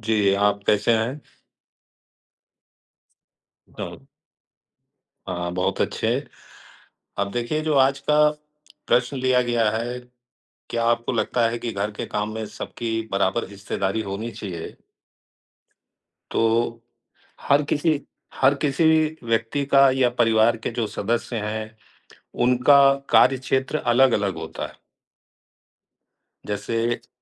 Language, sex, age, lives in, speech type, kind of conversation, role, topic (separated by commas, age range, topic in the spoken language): Hindi, male, 55-59, India, conversation, unstructured, none, क्या आपको लगता है कि घर के काम में सबकी बराबर हिस्सेदारी होनी चाहिए?